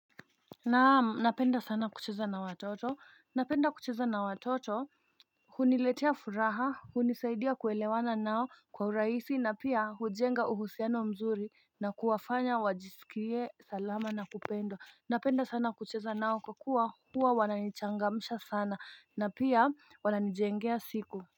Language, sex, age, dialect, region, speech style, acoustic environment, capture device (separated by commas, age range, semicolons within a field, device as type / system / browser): Swahili, female, 25-34, Kenya - Nairobi Sheng-influenced, Kenya, relaxed; focused, nature, mobile / Linux / Chrome